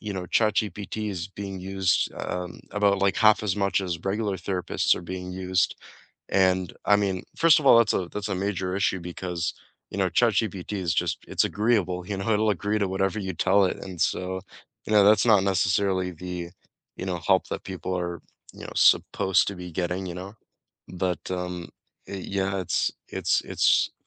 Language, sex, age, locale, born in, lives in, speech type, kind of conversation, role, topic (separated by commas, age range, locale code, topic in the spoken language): English, male, 20-24, en-CA, Canada, Canada, conversation, unstructured, none, What small tech hacks save you minutes each day, and which ones do you share with friends?